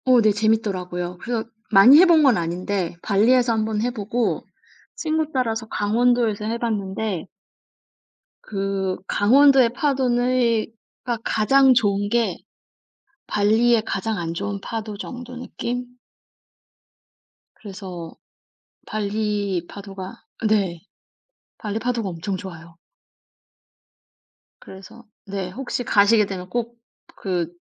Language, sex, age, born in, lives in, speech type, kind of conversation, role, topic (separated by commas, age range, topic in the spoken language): Korean, female, 35-39, South Korea, Germany, conversation, unstructured, none, 미래에 가족과 어떤 시간을 보내고 싶으신가요?